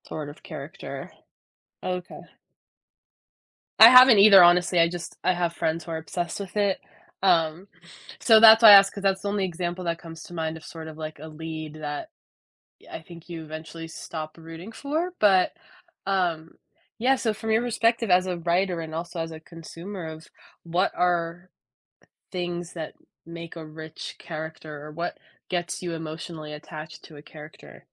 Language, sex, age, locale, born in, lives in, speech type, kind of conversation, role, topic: English, female, 20-24, en-US, United States, United States, conversation, podcast, host, What draws readers into a story and keeps them engaged?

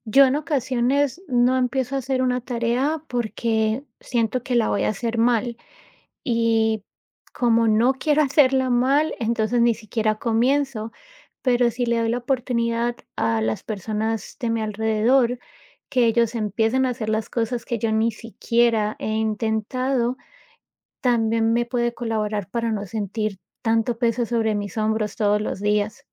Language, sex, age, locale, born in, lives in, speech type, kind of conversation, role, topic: Spanish, female, 35-39, es-CO, United States, United States, conversation, advice, user, ¿Cómo te has sentido cuando el estrés diario te abruma y no logras calmar la mente?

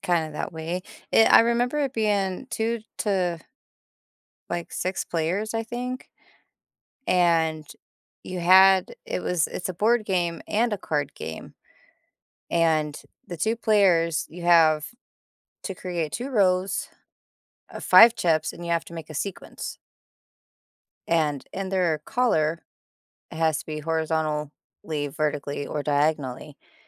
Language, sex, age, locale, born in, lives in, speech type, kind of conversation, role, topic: English, female, 30-34, en-US, United States, United States, conversation, unstructured, none, How has technology helped or hindered your education?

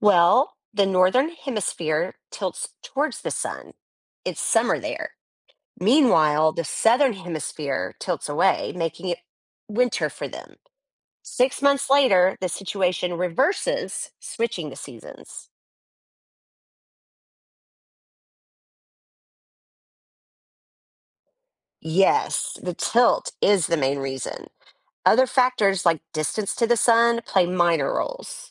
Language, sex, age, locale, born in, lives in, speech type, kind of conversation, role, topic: English, female, 45-49, en-US, United States, United States, read, expert-assistant, expert, How do the seasons change throughout the year?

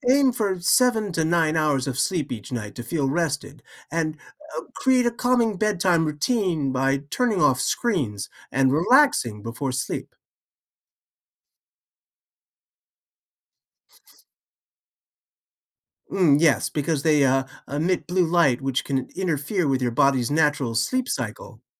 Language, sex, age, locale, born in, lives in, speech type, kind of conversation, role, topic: English, male, 55-59, en-US, United States, United States, read, expert-assistant, expert, What are effective ways to reduce stress?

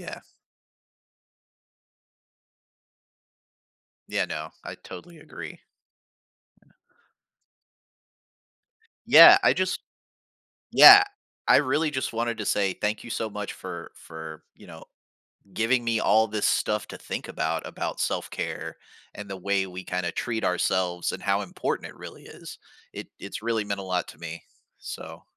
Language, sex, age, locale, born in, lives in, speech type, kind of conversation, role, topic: English, male, 40-44, en-US, United States, United States, conversation, podcast, host, Why is it important to find a self-care routine that works for you?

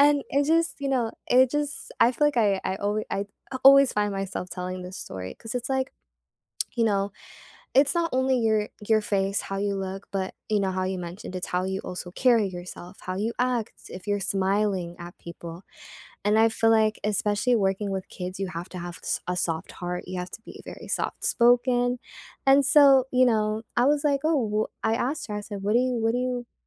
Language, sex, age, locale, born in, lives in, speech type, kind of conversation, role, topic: English, female, 18-19, en-US, United States, United States, conversation, unstructured, none, What helps you feel comfortable in your own skin?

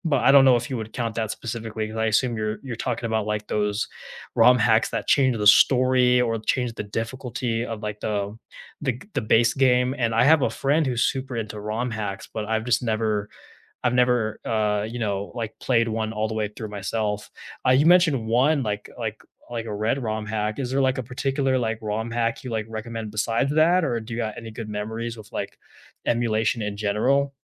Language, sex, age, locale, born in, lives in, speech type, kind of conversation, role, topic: English, male, 20-24, en-US, United States, United States, conversation, unstructured, none, Which video games help you unwind after a long day, and why are they your comfort picks?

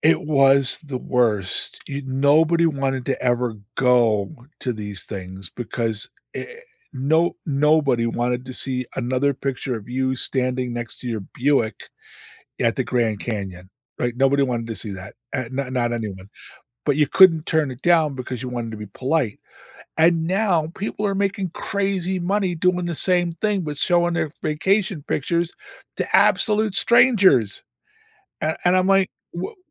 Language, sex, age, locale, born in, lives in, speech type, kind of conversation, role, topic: English, male, 65-69, en-US, United States, United States, conversation, unstructured, none, How do you manage notifications and screen time without feeling like you're missing out?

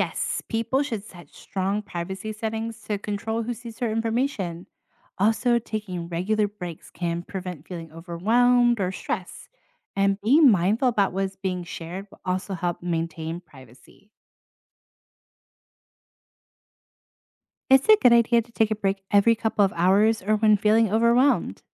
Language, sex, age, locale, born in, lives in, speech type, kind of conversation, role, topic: English, female, 30-34, en-US, United States, United States, read, expert-assistant, expert, What are the risks and benefits of social media?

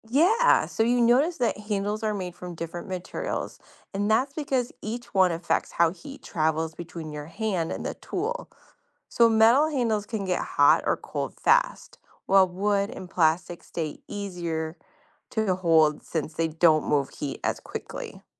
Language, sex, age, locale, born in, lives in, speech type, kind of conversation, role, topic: English, female, 40-44, en-US, United States, United States, read, expert-assistant, expert, Why does metal feel colder than wood at the same temperature?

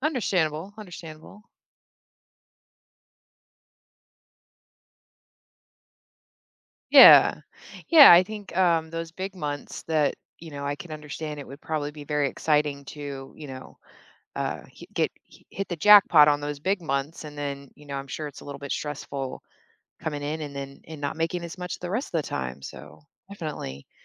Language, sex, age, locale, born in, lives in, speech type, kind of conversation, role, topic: English, female, 35-39, en-US, Germany, United States, conversation, podcast, host, How have difficult experiences shaped your professional journey?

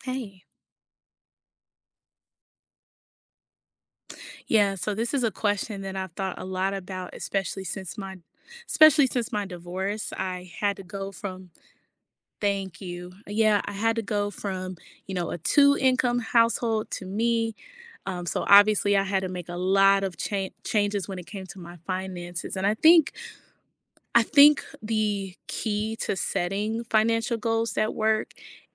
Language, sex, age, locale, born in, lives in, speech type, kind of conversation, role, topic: English, female, 30-34, en-US, United States, United States, conversation, podcast, guest, What steps can help someone create financial goals they can actually achieve?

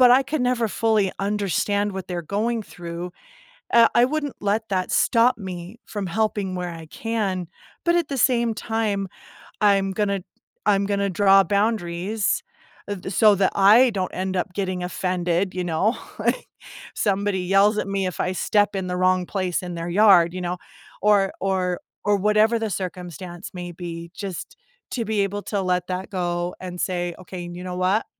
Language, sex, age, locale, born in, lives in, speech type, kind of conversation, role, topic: English, female, 40-44, en-US, United States, United States, conversation, podcast, guest, How can we be there for friends who are struggling without overstepping or neglecting our own well-being?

